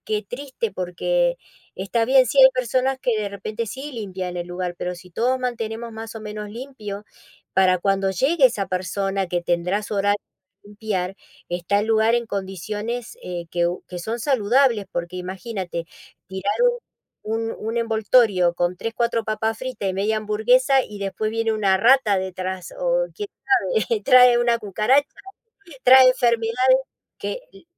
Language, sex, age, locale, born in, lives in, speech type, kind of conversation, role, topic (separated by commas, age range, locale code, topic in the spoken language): Spanish, female, 55-59, es-AR, Argentina, United States, conversation, unstructured, none, ¿Deberían las personas limpiar después de usar un espacio público?